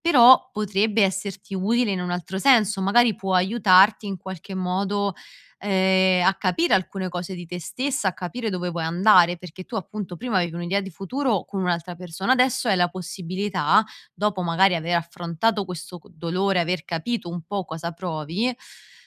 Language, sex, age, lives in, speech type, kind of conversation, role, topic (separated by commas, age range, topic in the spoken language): Italian, female, 25-29, Italy, conversation, advice, advisor, Come posso gestire la tristezza e la sensazione di aver perso il mio futuro dopo una rottura?